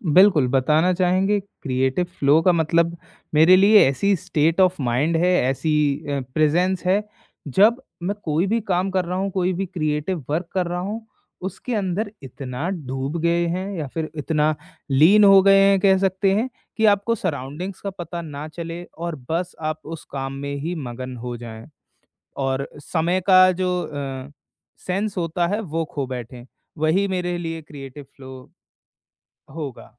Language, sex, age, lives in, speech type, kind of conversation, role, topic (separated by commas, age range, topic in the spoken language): Hindi, male, 20-24, India, conversation, podcast, guest, Kab tumhe lagta hai ki tumhara creative flow aa gaya hai, aur tum ise kaise pehchante ho?